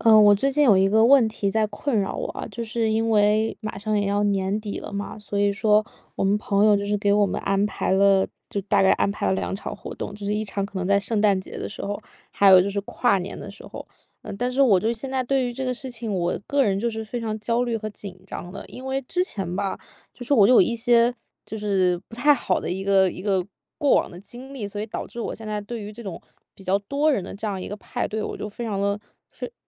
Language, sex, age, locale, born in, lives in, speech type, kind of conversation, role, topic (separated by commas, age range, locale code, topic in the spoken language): Chinese, female, 25-29, zh-CN, China, United States, conversation, advice, user, 我在派对上总是难以放松，该怎么办？